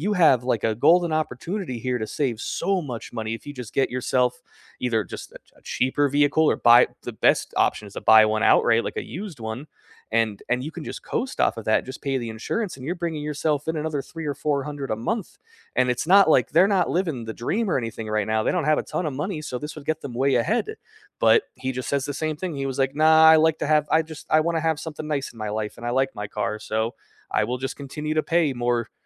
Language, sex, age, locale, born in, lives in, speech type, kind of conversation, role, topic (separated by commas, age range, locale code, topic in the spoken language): English, male, 30-34, en-US, United States, United States, conversation, unstructured, none, What are you working toward this year, and how can others support you along the way?